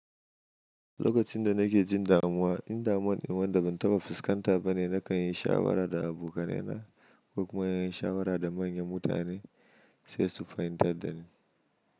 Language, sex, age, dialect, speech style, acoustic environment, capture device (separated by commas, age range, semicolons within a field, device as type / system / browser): Hausa, male, 25-34, Nigeria - Kano, happy, silence; nature, mobile / Linux / Chrome